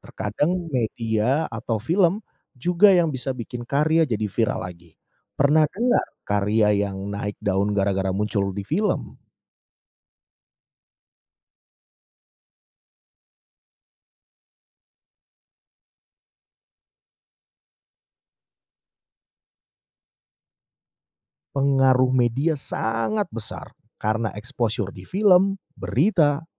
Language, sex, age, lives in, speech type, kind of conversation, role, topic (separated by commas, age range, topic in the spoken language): Indonesian, male, 30-34, Indonesia, read, expert-assistant, expert, Banyak orang percaya bahwa lukisan yang mahal pasti memiliki kualitas yang lebih baik. Benarkah harga selalu mencerminkan nilai seni sebuah karya?